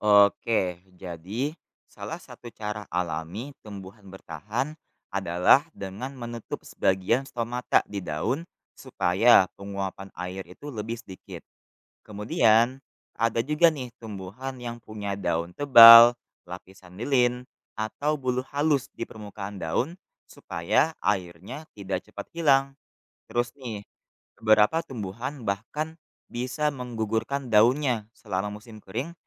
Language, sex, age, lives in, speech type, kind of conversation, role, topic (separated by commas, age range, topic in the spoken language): Indonesian, male, 20-24, Indonesia, read, expert-assistant, expert, Bagaimana proses fotosintesis terjadi pada tumbuhan?